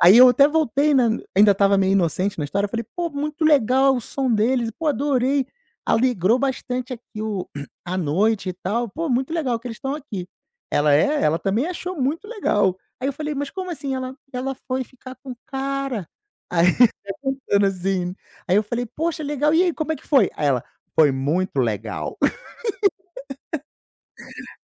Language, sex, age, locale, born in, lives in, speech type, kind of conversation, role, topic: Portuguese, male, 35-39, pt-BR, Brazil, Portugal, conversation, podcast, guest, Que artista você descobriu por acaso e amou?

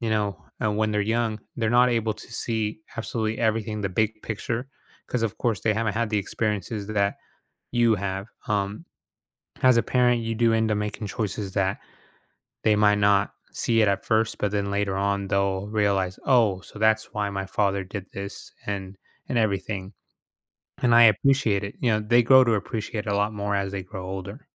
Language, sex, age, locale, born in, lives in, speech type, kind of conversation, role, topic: English, male, 30-34, en-US, United States, United States, conversation, advice, advisor, How do I get over my fear of failing so I can start new projects?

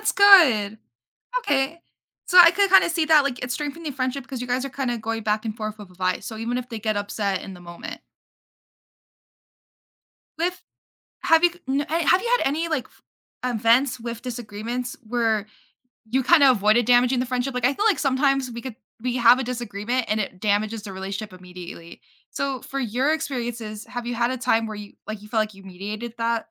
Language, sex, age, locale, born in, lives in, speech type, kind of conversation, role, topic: English, female, 18-19, en-US, United States, United States, conversation, podcast, host, How can friends work through disagreements while maintaining a strong relationship?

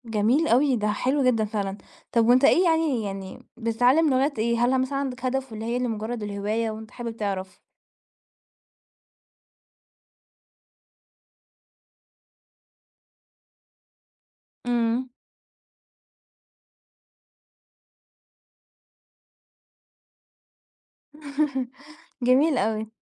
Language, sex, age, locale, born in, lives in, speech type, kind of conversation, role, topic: Arabic, female, 20-24, ar-EG, Egypt, Portugal, conversation, podcast, host, ازاي بتوصف هويتك الإبداعية؟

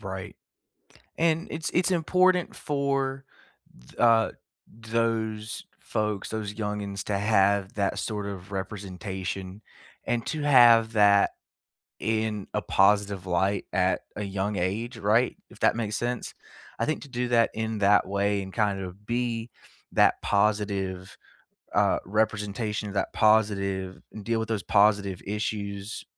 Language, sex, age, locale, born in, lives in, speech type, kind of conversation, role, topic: English, male, 25-29, en-US, United States, United States, conversation, unstructured, none, What show or movie do you never get tired of rewatching, and why does it feel like home?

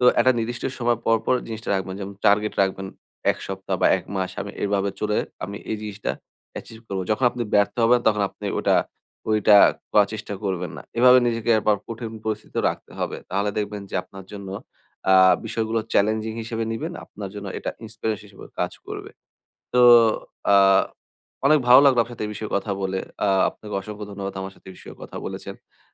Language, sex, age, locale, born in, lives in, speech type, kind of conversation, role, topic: Bengali, male, 20-24, bn-BD, Bangladesh, Bangladesh, conversation, advice, advisor, আমি কীভাবে আমার স্বয়ংক্রিয় প্রতিক্রিয়া চিনতে পারি, যাতে খারাপ অভ্যাস না বাড়ে?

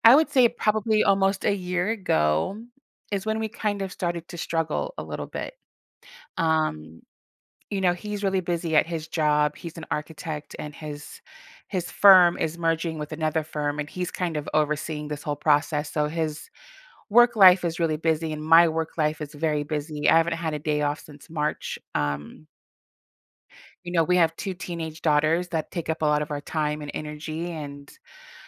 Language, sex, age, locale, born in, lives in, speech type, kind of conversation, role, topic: English, female, 40-44, en-US, United States, United States, conversation, advice, user, How can I improve communication with my partner?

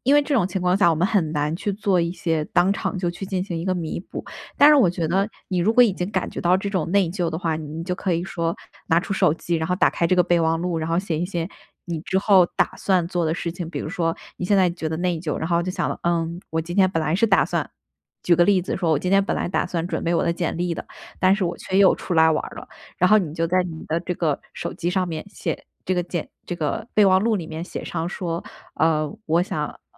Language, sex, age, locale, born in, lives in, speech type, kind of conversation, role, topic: Chinese, female, 25-29, zh-CN, China, United States, conversation, advice, advisor, 为什么我一放松就总会感到内疚并开始拖延？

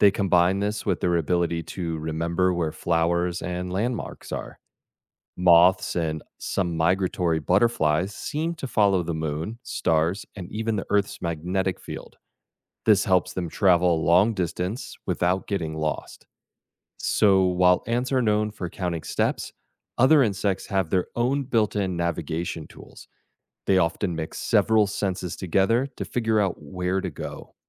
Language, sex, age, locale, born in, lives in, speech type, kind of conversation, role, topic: English, male, 40-44, en-US, United States, United States, read, expert-assistant, expert, How do ants find their way back to the nest?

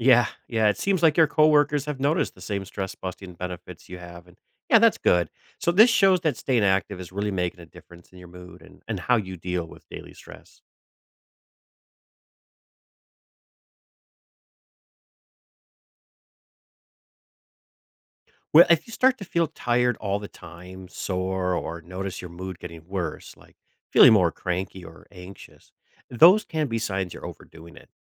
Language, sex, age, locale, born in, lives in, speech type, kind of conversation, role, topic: English, male, 45-49, en-US, United States, United States, read, expert-assistant, expert, How does exercise improve mental health?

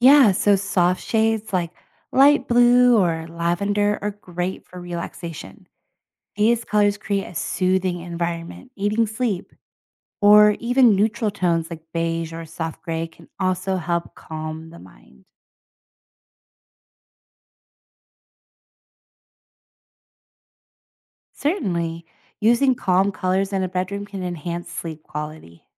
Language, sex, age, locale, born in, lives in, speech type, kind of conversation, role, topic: English, female, 30-34, en-US, United States, United States, read, expert-assistant, expert, How do colors influence mood and emotions?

